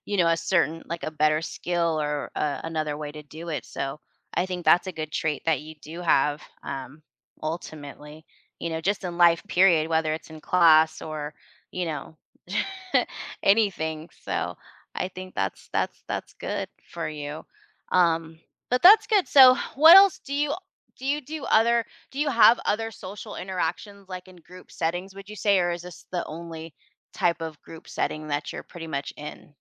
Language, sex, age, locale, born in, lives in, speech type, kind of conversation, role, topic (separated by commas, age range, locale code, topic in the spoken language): English, female, 40-44, en-US, United States, United States, conversation, advice, advisor, How can I stop feeling awkward after embarrassing myself?